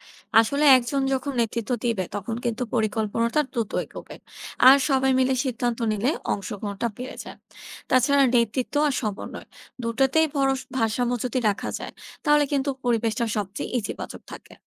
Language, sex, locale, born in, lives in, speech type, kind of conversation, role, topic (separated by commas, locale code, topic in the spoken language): Bengali, female, bn-BD, Bangladesh, Bangladesh, read, expert-assistant, expert, অফিসের ছুটি, পরিবার এবং বন্ধুদের সময় মিলিয়ে বছরে একাধিক ভ্রমণ পরিকল্পনা কীভাবে সবচেয়ে কার্যকরভাবে করা যায়?